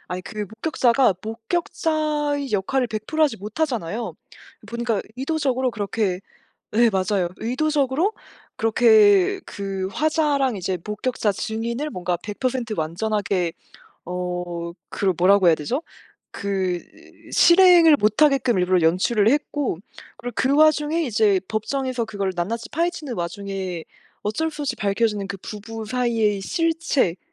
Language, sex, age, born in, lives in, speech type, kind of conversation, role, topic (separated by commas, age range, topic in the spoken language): Korean, female, 25-29, South Korea, France, conversation, unstructured, none, 가장 좋아하는 영화 장르는 무엇인가요?